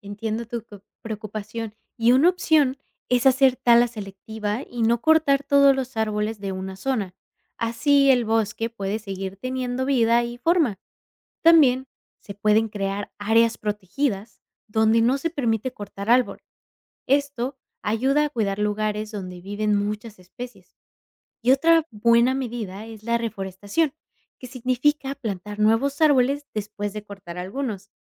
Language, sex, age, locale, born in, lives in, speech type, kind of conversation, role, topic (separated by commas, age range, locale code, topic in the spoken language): Spanish, female, 25-29, es-MX, Mexico, United States, read, expert-assistant, expert, Describe cómo la tala de árboles afecta la biodiversidad de un bosque.